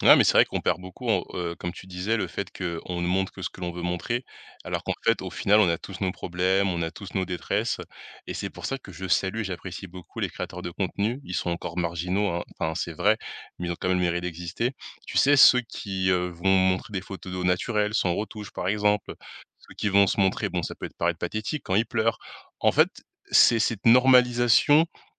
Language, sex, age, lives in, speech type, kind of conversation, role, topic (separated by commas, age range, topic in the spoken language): French, male, 20-24, France, conversation, podcast, guest, Quel est ton rapport aux réseaux sociaux au quotidien ?